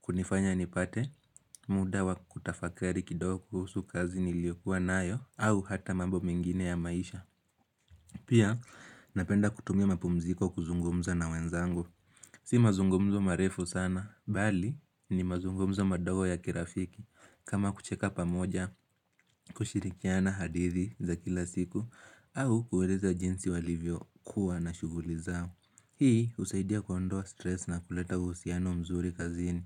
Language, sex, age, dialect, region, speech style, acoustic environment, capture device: Swahili, male, 18-24, Kenya - Nairobi Sheng-influenced, Kenya, focused; relaxed, silence, mobile / Linux / Chrome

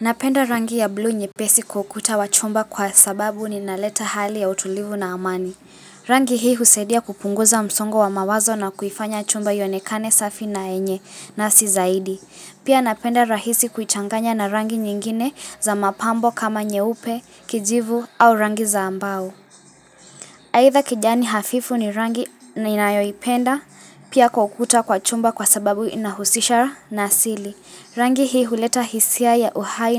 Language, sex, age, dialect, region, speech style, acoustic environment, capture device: Swahili, female, 18-24, Kenya - Mombasa, Kenya, relaxed, music, mobile / Linux / Chrome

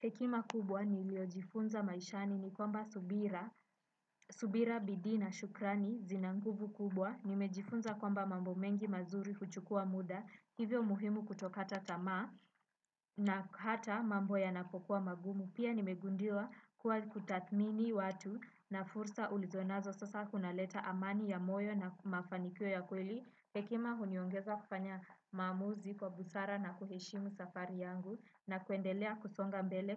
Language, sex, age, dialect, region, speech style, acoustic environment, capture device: Swahili, female, 18-24, Kenya - Nairobi Sheng-influenced, Kenya, relaxed, nature, desktop / Windows / Chrome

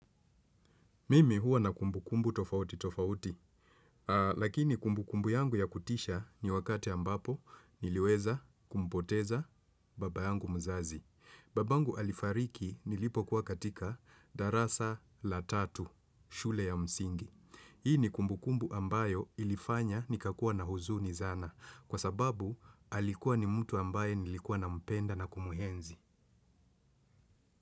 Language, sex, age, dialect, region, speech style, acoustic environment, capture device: Swahili, male, 35-44, Kenya - Mombasa, Kenya, excited; focused, people talking; appliances; construction; nature, desktop / Windows / Chrome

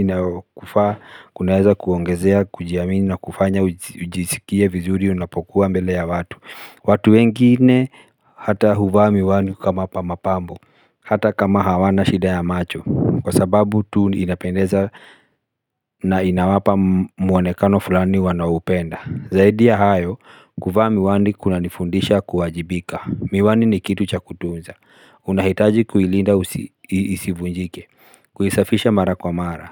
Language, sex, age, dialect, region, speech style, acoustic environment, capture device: Swahili, male, 35-44, Kenya - Nairobi Sheng-influenced, Kenya, relaxed, silence, mobile / Linux / Chrome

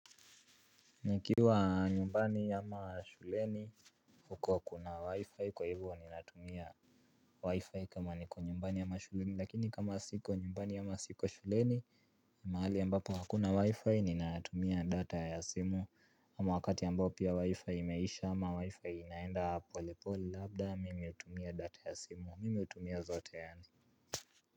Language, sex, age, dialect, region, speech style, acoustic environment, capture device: Swahili, male, 18-24, Kenya - Nairobi Sheng-influenced, Kenya, relaxed, appliances, mobile / Linux / Chrome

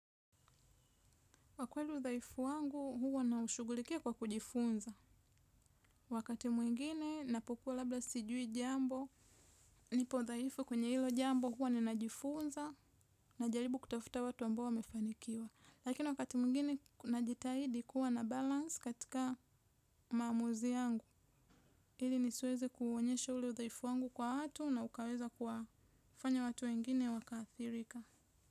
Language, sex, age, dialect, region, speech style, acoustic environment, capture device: Swahili, female, 25-34, Tanzania - Dar es Salaam, Tanzania, relaxed, nature; silence; appliances, mobile / Linux / Chrome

